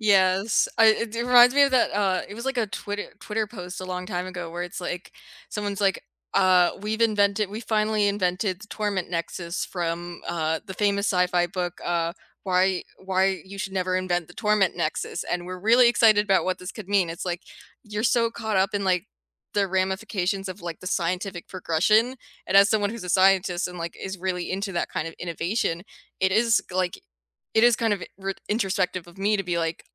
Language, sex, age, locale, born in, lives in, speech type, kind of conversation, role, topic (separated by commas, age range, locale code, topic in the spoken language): English, female, 25-29, en-US, United States, United States, conversation, unstructured, none, Which books or life lessons have reshaped your perspective, and what made them stick with you?